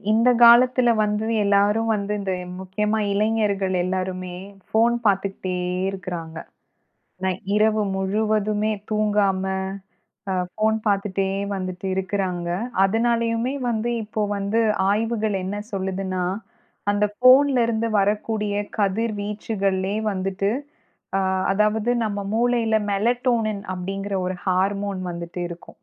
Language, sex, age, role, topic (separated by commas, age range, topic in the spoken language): Tamil, female, 35-39, host, தூக்கம் குணமடைவதில் எப்படி உதவுகிறது?